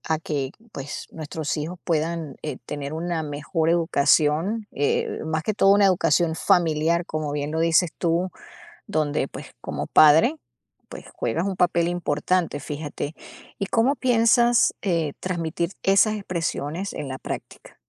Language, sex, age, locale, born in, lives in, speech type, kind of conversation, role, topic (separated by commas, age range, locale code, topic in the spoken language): Spanish, female, 50-54, es-US, Venezuela, United States, conversation, podcast, host, ¿Qué expresiones familiares te gustaría transmitirles a tus hijos?